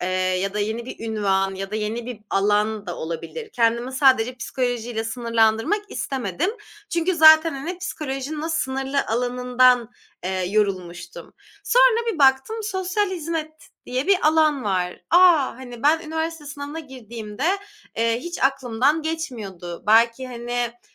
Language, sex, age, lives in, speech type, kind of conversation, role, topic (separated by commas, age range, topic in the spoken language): Turkish, female, 25-29, Germany, conversation, podcast, guest, Kariyer değişikliği kimliğini nasıl etkiledi?